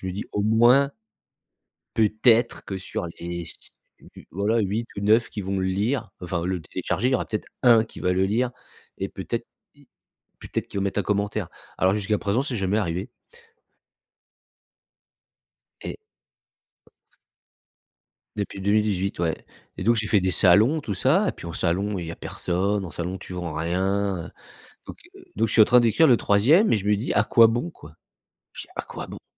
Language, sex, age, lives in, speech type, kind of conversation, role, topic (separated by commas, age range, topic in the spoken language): French, male, 45-49, France, conversation, advice, user, Comment la procrastination freine-t-elle vos objectifs personnels et votre bien-être ?